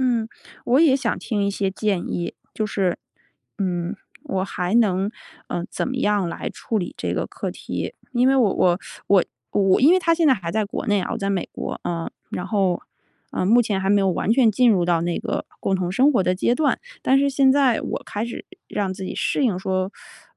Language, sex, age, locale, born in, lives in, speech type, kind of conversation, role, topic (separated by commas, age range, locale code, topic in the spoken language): Chinese, female, 35-39, zh-CN, China, United States, conversation, advice, user, 如何让我的日常习惯更贴合我的身份认同？